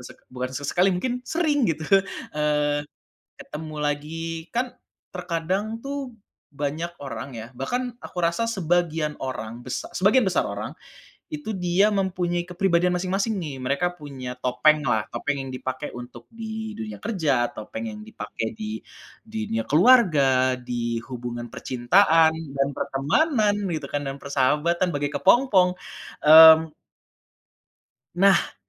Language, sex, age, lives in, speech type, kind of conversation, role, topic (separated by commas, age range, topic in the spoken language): Indonesian, male, 25-29, Indonesia, conversation, podcast, host, Bagaimana kamu menyeimbangkan keluarga dan karier?